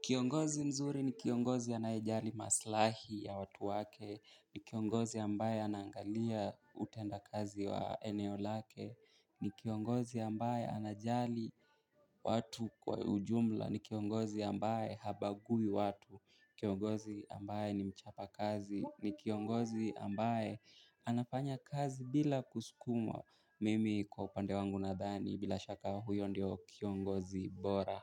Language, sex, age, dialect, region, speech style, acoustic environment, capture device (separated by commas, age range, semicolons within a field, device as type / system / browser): Swahili, male, 18-24, Kenya - Mombasa, Kenya, happy, silence, mobile / Linux / Chrome